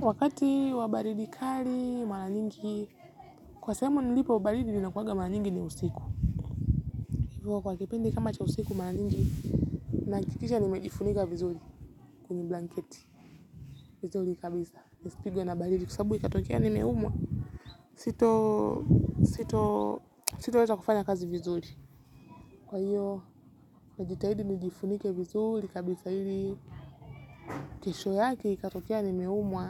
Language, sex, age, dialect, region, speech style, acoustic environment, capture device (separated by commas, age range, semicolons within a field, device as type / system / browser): Swahili, female, 18-24, Kenya - Nairobi Sheng-influenced, Kenya, focused, nature, mobile / Linux / Chrome